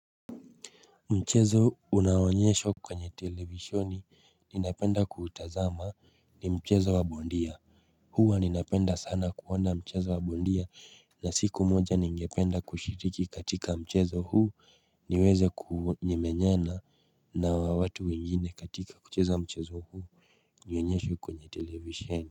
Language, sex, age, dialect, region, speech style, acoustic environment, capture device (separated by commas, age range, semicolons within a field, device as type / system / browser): Swahili, male, 25-34, Kenya - Nairobi Sheng-influenced, Kenya, relaxed, nature, mobile / Linux / Chrome